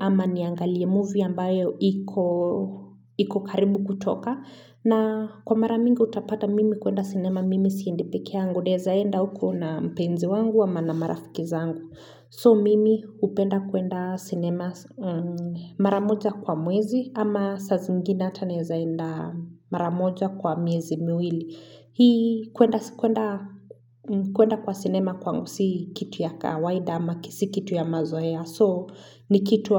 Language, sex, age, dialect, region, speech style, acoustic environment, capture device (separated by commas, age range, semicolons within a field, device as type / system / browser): Swahili, female, 25-34, Kenya - Nairobi Sheng-influenced, Kenya, happy; focused; relaxed, silence, mobile / Linux / Chrome